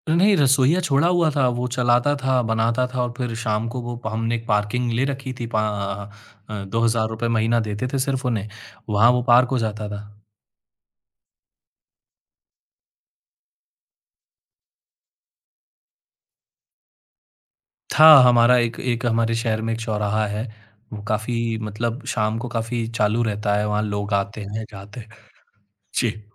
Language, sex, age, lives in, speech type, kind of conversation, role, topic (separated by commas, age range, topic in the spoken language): Hindi, male, 30-34, India, conversation, advice, user, किसी बड़े काम की शुरुआत करने में डर लगने और धीरे-धीरे पिछड़ने से कैसे निपटूँ?